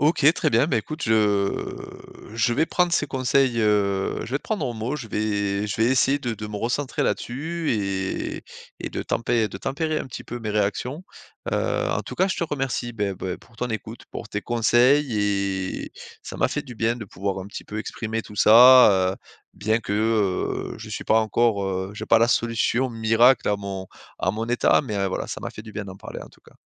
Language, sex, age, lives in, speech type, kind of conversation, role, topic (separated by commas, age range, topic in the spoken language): French, male, 35-39, France, conversation, advice, user, Comment accepter les critiques sans me mettre sur la défensive ?